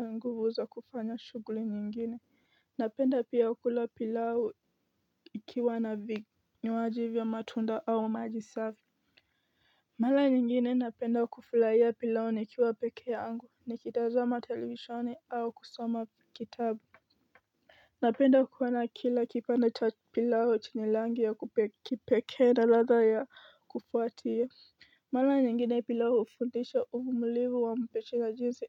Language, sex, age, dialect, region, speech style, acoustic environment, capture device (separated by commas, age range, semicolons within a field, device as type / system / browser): Swahili, female, 18-24, Kenya - Nairobi Sheng-influenced, Kenya, stressed; focused; excited; anxious, nature; appliances; construction, mobile / Linux / Chrome